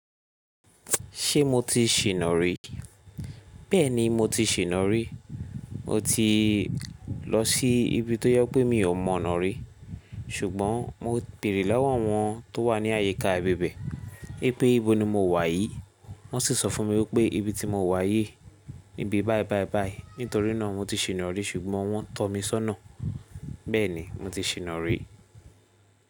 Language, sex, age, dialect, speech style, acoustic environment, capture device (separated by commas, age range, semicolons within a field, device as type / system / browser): Yoruba, male, 25-34, Nigeria - Lagos Yoruba, tired; focused, silence, mobile / Linux / Chrome